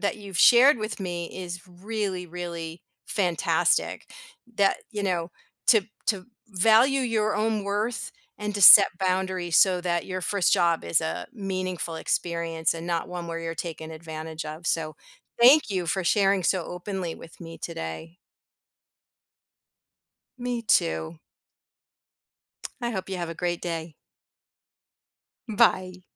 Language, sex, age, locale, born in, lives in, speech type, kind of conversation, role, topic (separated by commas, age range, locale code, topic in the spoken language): English, female, 55-59, en-US, United States, United States, conversation, podcast, host, What helps someone succeed and feel confident when starting their first job?